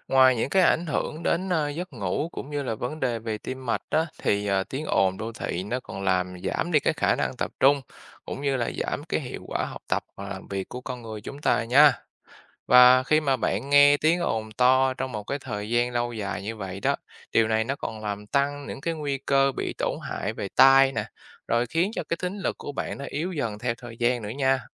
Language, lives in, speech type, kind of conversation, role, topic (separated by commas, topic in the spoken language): Vietnamese, Vietnam, read, expert-assistant, expert, Những ảnh hưởng của tiếng ồn đô thị đến sức khỏe con người là gì?